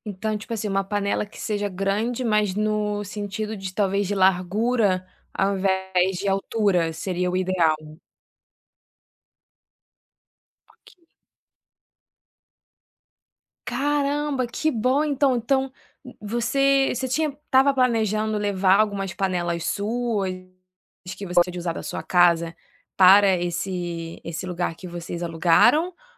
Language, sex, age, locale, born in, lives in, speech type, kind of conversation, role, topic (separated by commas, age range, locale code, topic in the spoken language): Portuguese, female, 25-29, pt-BR, Brazil, France, conversation, podcast, host, Qual é a sua estratégia para cozinhar para muita gente?